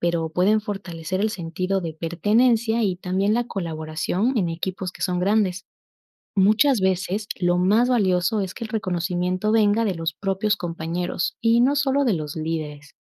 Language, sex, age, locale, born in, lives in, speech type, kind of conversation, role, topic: Spanish, female, 25-29, es-EC, Ecuador, Spain, read, expert-assistant, expert, ¿Cómo influye la cultura organizacional en la atención y el ambiente de los hospitales públicos?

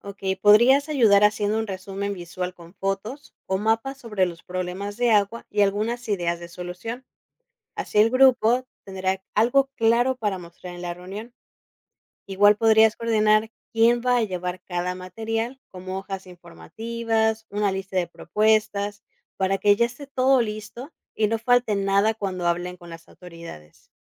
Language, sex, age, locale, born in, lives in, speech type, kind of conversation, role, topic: Spanish, female, 30-34, es-MX, Mexico, Mexico, read, expert-assistant, expert, ¿Por qué es fundamental reducir el consumo de agua?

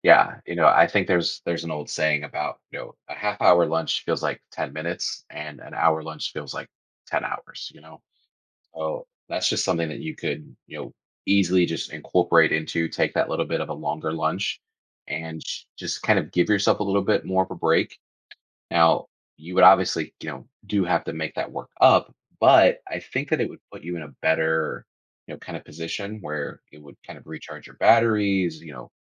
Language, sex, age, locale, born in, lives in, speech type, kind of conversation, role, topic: English, male, 30-34, en-US, United States, United States, conversation, advice, advisor, How can I break out of a monotonous routine and feel motivated again?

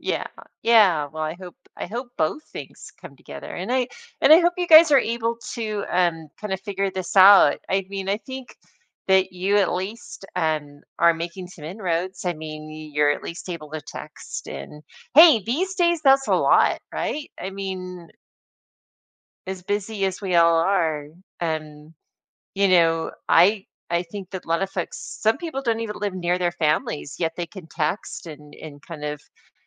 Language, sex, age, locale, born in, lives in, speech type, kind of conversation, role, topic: English, female, 55-59, en-US, United States, United States, conversation, advice, advisor, How can I deepen a friendship?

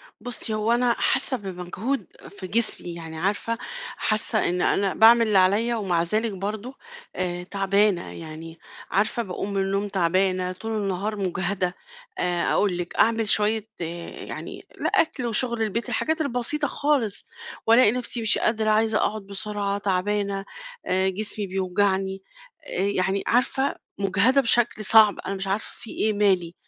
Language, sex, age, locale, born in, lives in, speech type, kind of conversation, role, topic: Arabic, female, 50-54, ar-EG, Egypt, Portugal, conversation, advice, user, ليه بحس بإجهاد رغم إني بحاول أمشي على روتين صحي؟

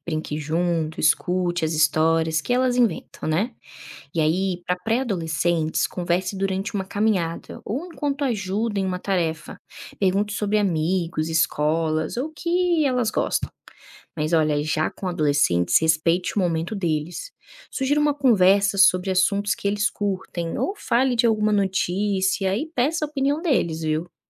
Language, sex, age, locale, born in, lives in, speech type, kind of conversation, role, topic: Portuguese, female, 25-29, pt-BR, Brazil, Spain, read, expert-assistant, expert, A importância do diálogo entre pais e filhos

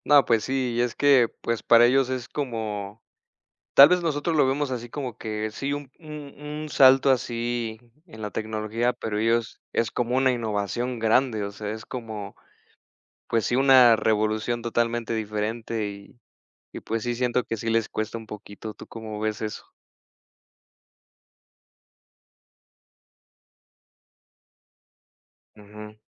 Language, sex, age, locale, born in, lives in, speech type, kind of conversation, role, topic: Spanish, male, 30-34, es-MX, Mexico, Mexico, conversation, unstructured, none, ¿Qué opinas sobre la inteligencia artificial en el hogar?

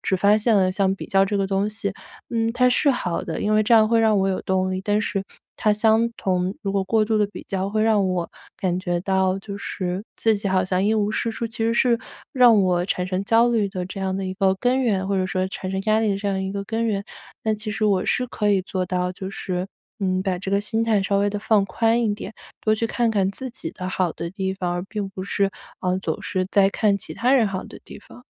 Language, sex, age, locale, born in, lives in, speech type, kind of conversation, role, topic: Chinese, female, 25-29, zh-CN, China, United States, conversation, advice, user, 如何減輕因邀約而產生的社交疲憊與壓力？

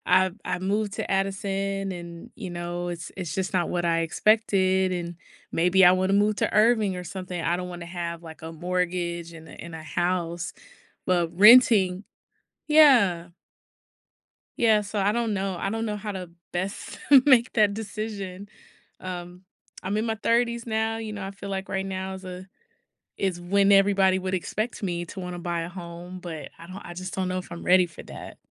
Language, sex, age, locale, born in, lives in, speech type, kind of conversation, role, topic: English, female, 30-34, en-US, United States, United States, conversation, advice, user, How can I make my upcoming move smooth and enjoyable while managing my nerves?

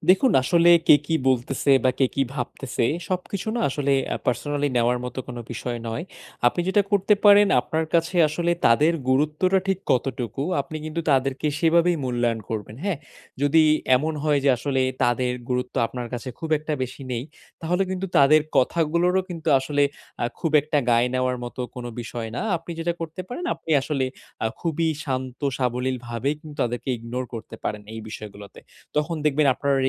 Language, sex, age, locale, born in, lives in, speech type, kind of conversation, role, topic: Bengali, male, 20-24, bn-BD, Bangladesh, Bangladesh, conversation, advice, advisor, সামাজিক চাপে ব্যক্তিগত সীমানা কীভাবে ঠিকভাবে রক্ষা করব?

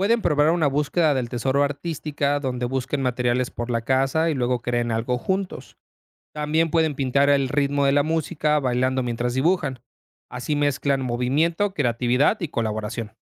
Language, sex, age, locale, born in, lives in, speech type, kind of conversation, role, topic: Spanish, male, 30-34, es-MX, Mexico, Mexico, read, expert-assistant, expert, ¿De qué manera podríamos rediseñar nuestros espacios en casa para que apoyen mejor nuestro bienestar mental?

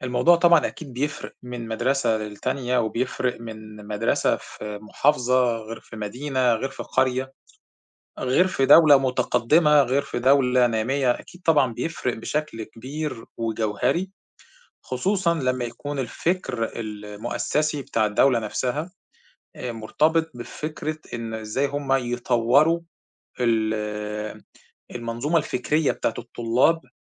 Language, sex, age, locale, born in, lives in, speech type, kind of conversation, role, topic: Arabic, male, 40-44, ar-EG, Egypt, Egypt, conversation, unstructured, none, هل شايف إن المدارس مقصّرة في تعليم الرياضة بالشكل الكفاية؟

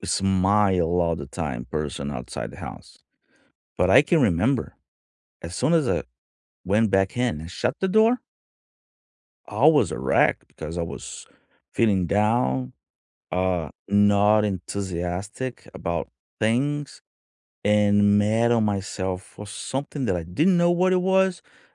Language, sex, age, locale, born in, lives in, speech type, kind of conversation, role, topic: English, male, 45-49, en-BR, Brazil, United States, conversation, unstructured, none, What do you think about the stigma around mental health?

